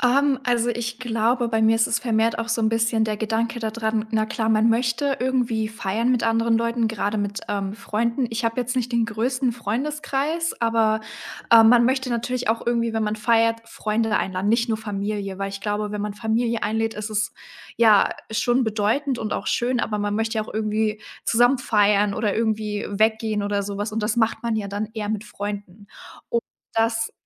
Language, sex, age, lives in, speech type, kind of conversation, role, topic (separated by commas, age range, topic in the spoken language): German, female, 18-19, Germany, conversation, advice, user, Wie triffst du die Entscheidung, ob du heiraten möchtest?